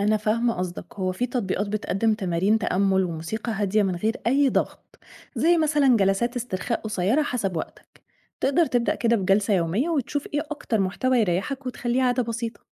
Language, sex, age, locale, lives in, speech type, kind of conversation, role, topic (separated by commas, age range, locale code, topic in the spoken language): Arabic, female, 30-34, ar-EG, Egypt, read, expert-assistant, expert, إزاي ممكن التكنولوجيا (زي التطبيقات أو الأجهزة الذكية) تساعدنا نطور حلول جديدة لدعم الصحة النفسية في حياتنا اليومية؟